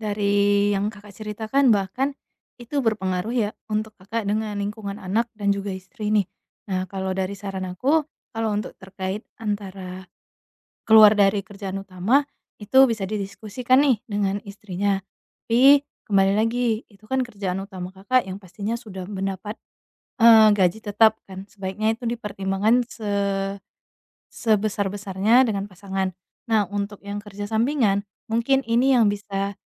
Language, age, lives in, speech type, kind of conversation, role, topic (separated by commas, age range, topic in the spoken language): Indonesian, 25-29, Indonesia, conversation, advice, advisor, Bagaimana cara menikmati waktu luang di rumah tanpa merasa gelisah?